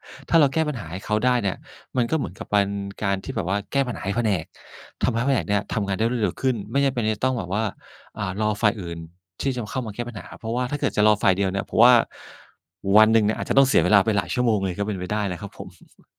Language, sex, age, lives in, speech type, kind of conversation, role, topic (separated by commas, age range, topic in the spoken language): Thai, male, 45-49, Thailand, conversation, advice, user, ฉันควรกำหนดขอบเขตอย่างไรเมื่อถูกขอความช่วยเหลือตลอดวัน?